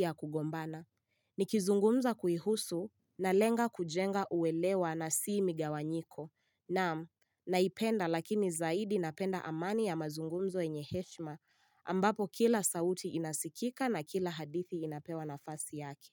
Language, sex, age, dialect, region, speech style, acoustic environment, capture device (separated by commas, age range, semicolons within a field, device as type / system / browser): Swahili, female, 18-24, Kenya - Nairobi Sheng-influenced, Kenya, relaxed; excited; focused, nature; silence, mobile / Linux / Chrome